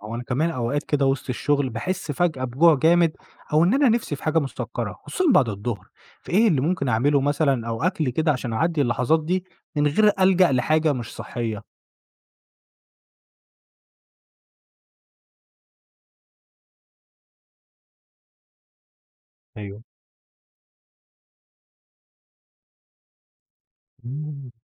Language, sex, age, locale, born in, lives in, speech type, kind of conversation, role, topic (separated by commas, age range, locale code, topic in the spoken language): Arabic, male, 25-29, ar-EG, Egypt, Egypt, read, expert-assistant, asker, إزاي أقدر أختار نظام أكل يناسب أسلوب حياتي ويخليني أحس بصحة ونشاط؟